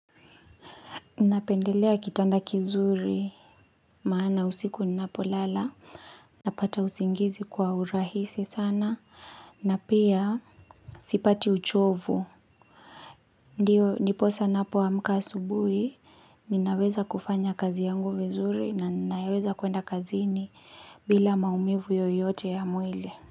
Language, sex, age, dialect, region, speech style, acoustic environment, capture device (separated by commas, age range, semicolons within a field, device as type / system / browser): Swahili, female, 25-34, Kenya - Kiambu, Kenya, relaxed, silence, mobile / Linux / Chrome